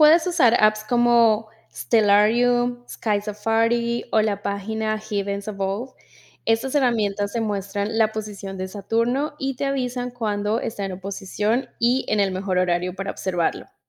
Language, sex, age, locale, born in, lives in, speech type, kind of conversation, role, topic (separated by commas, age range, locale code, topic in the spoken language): Spanish, female, 30-34, es-CO, Colombia, United States, read, expert-assistant, expert, ¿Sabes dónde puedo encontrar foros o grupos para conversar sobre los planetas y las nuevas misiones espaciales?